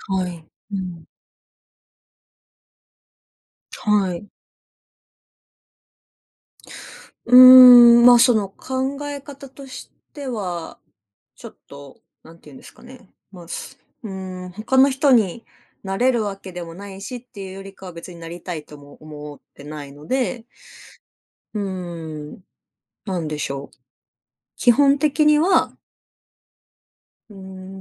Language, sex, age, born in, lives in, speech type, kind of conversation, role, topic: Japanese, female, 30-34, Japan, Japan, conversation, podcast, guest, 人と比べないために、普段どんな工夫をしていますか？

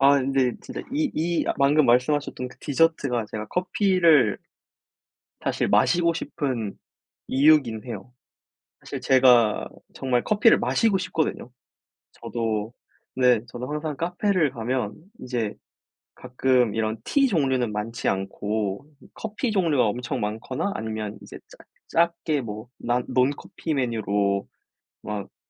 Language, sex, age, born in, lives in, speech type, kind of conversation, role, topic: Korean, male, 20-24, South Korea, South Korea, conversation, unstructured, none, 커피와 차 중 어떤 음료를 더 좋아하시나요?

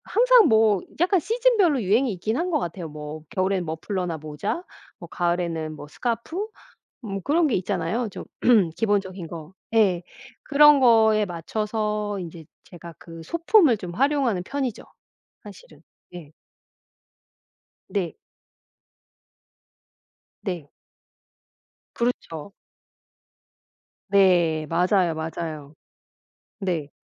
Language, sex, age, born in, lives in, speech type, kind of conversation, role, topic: Korean, female, 45-49, South Korea, United States, conversation, podcast, guest, 유행을 따르는 편인가요, 아니면 자기만의 길을 만드는 편인가요?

